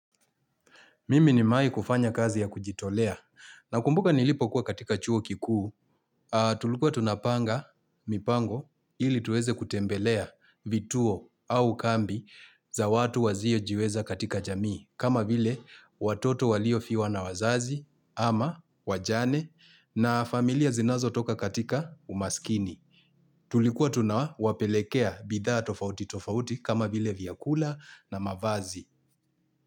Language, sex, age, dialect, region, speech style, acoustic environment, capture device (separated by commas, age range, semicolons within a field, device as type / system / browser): Swahili, male, 35-44, Kenya - Mombasa, Kenya, excited, people talking; construction; appliances, mobile / Linux / Chrome